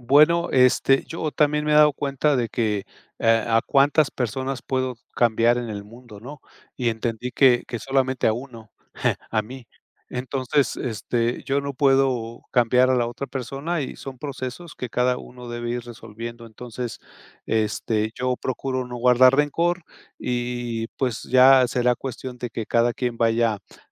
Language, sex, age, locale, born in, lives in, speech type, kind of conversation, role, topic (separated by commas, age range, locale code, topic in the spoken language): Spanish, male, 55-59, es-MX, Mexico, Mexico, conversation, podcast, guest, ¿Qué pasos sigues para pedir perdón de verdad en tu familia?